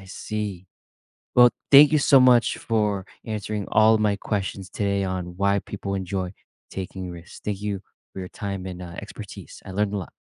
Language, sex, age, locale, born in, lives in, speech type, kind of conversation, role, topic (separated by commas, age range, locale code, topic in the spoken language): English, male, 25-29, en-US, United States, United States, read, expert-assistant, asker, Why do people enjoy taking risks?